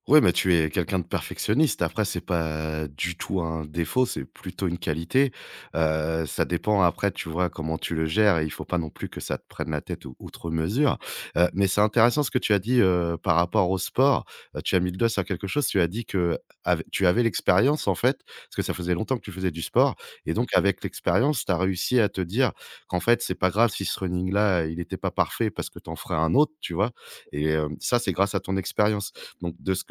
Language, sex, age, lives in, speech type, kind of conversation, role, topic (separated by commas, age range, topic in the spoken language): French, male, 35-39, France, conversation, advice, advisor, Comment le perfectionnisme qui t’empêche d’agir se manifeste-t-il ?